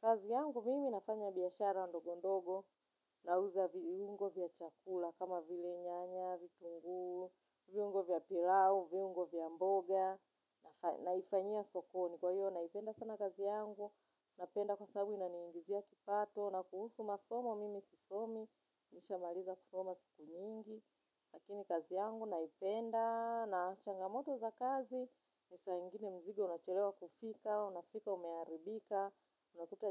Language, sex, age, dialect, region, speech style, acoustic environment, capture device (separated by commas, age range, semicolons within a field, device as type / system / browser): Swahili, female, 35-44, Tanzania - Dar es Salaam, Tanzania, anxious, nature; silence, mobile / Linux / Chrome